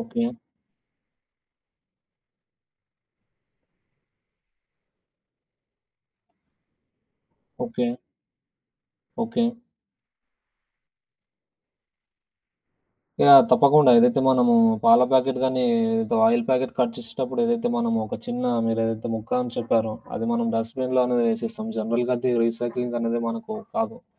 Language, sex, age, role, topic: Telugu, male, 25-29, guest, ప్లాస్టిక్ కాలుష్యం గురించి మీ అభిప్రాయం ఏమిటి?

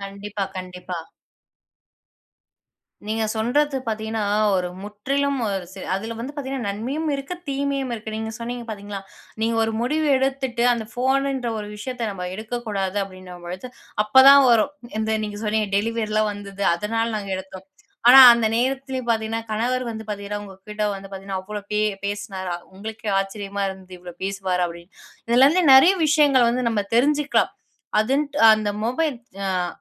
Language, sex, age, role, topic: Tamil, female, 20-24, host, ஒரு நாள் கைப்பேசி இல்லாமல் இருந்தால் எப்படி இருக்கும் என்று சொல்ல முடியுமா?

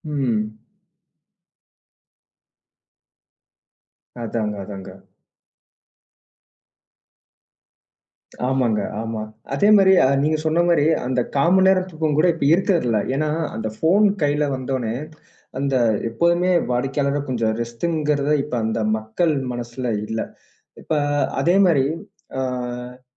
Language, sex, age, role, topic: Tamil, male, 25-29, host, சிறிது நேரம் தூங்கினால் அது உங்களுக்கு எப்படி உதவும்?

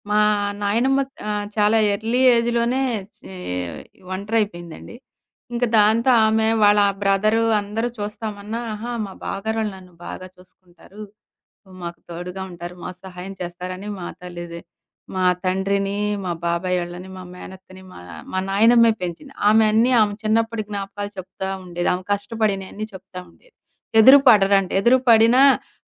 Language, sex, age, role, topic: Telugu, female, 40-44, guest, కంటి సంపర్కం మీ సంభాషణను ఎలా ప్రభావితం చేస్తుంది?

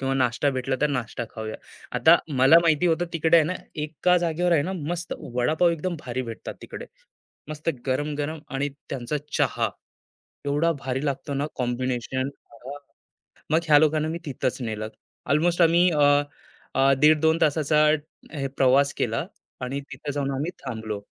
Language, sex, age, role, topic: Marathi, male, 25-29, guest, पावसाळ्यात बाहेर फिरण्यासाठी सर्वोत्तम तयारी कशी करावी आणि अनुभवातून कोणते धडे मिळतात?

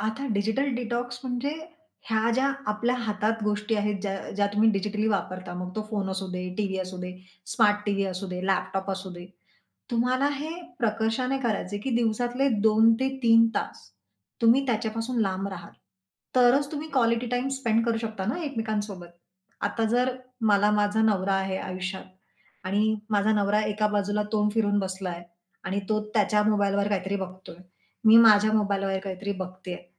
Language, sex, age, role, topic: Marathi, female, 40-44, guest, व्यस्त दिवसानंतर तुम्ही कुटुंबासोबत अर्थपूर्ण वेळ कसा घालवता?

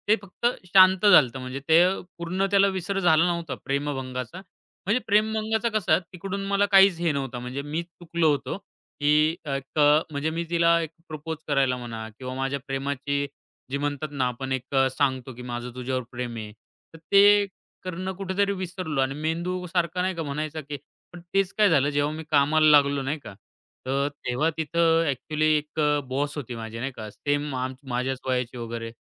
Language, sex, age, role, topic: Marathi, male, 25-29, guest, हृदय आणि मेंदूपैकी कोणावर जास्त विश्वास ठेवतोस?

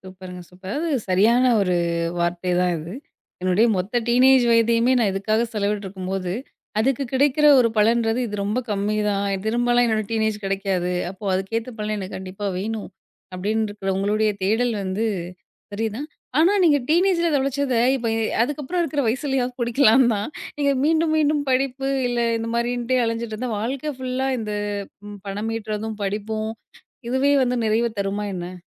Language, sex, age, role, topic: Tamil, female, 30-34, host, வேலை உங்களுக்கு தேவைக்காகச் செய்யும் ஒன்றாகவா, அல்லது ஆர்வத்தால் செய்யும் ஒன்றாகவா இருக்கிறது? ஏன்?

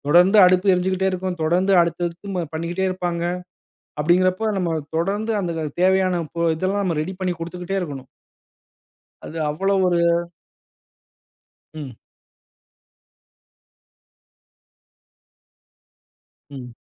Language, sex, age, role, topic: Tamil, male, 35-39, guest, மற்றவர்களுடன் சேர்ந்து சமையல் செய்த உங்களின் மிகச் சிறந்த நினைவு என்ன?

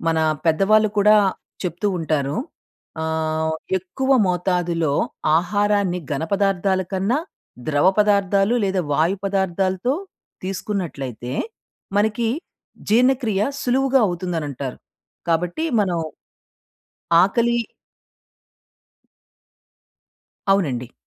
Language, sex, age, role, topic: Telugu, female, 40-44, guest, మీకు అనిపిస్తున్న ఆకలి నిజమైనదా, లేక కేవలం అలవాటా అని మీరు ఎలా గుర్తిస్తారు?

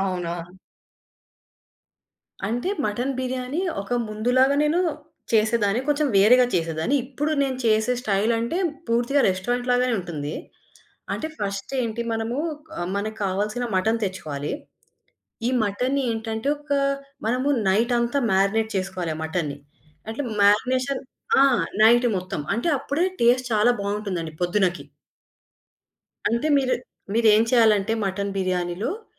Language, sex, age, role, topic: Telugu, female, 25-29, guest, ఒక వంటకం వల్ల మీ కుటుంబ బంధం మరింత బలపడిన సందర్భం ఎప్పుడు జరిగింది?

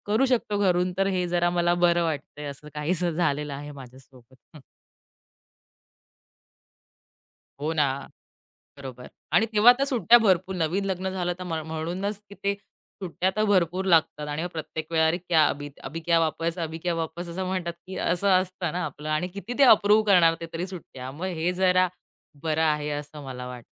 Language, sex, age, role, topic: Marathi, female, 30-34, guest, तुमचा घरून काम करण्याचा कोपरा कसा असतो?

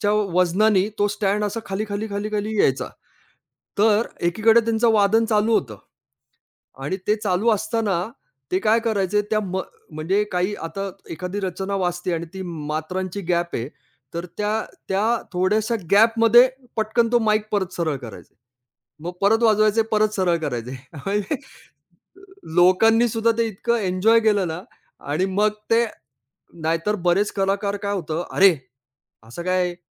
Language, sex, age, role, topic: Marathi, male, 45-49, guest, कोणत्या कलाकाराने तुम्हाला प्रेरणा दिली आहे?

